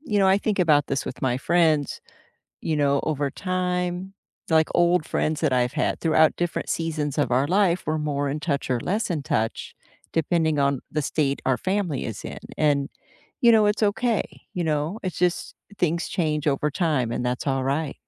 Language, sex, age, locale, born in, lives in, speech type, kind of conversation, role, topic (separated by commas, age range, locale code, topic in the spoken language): English, female, 55-59, en-US, United States, United States, conversation, unstructured, none, When do you feel okay saying no, even if it disappoints someone you care about?